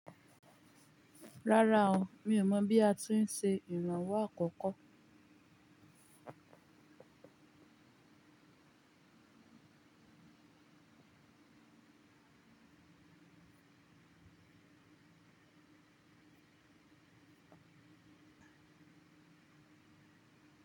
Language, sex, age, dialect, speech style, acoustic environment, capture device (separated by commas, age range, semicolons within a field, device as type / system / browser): Yoruba, female, 18-24, Nigeria - Ibadan, relaxed; focused, silence; nature, mobile / Linux / Chrome